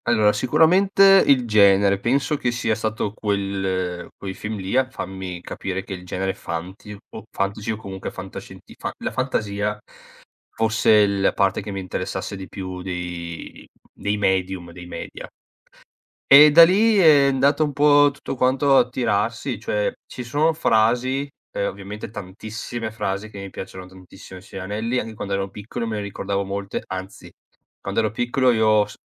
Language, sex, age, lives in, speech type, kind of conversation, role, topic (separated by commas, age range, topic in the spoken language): Italian, male, 30-34, Italy, conversation, podcast, guest, Perché rivediamo gli stessi film per sentirci rassicurati?